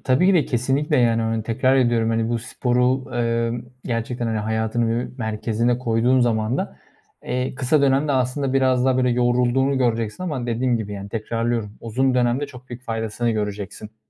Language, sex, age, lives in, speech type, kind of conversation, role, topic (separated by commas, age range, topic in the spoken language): Turkish, male, 25-29, Germany, conversation, advice, advisor, İş ve ev arasında sürekli meşgul hissederken nasıl daha rahat ve dinlenmiş hissedebilirim?